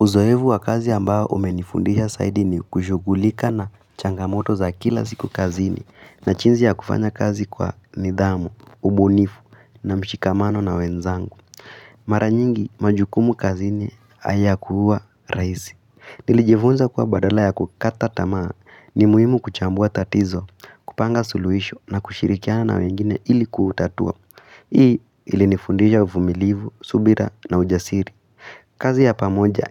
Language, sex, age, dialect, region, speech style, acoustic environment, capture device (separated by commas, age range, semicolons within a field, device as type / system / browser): Swahili, female, 18-24, Kenya - Nairobi Sheng-influenced, Kenya, excited; happy, silence; nature, mobile / Linux / Chrome